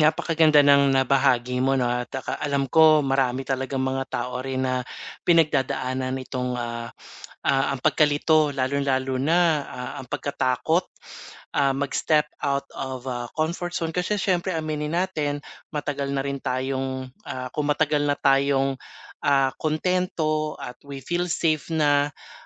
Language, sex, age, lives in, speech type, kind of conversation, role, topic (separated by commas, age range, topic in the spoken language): Filipino, male, 45-49, Philippines, conversation, advice, advisor, Paano ko malalampasan ang takot na magsimula ng bagong libangan?